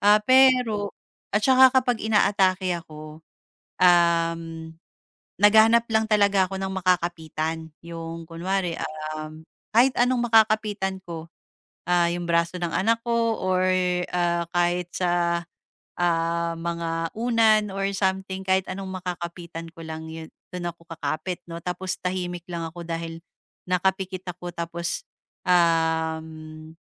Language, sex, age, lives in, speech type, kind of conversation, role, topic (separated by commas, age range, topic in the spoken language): Filipino, female, 35-39, Philippines, conversation, advice, user, Paano ko matatanggap na normal ang aking pagkabalisa at hindi ito palaging masama?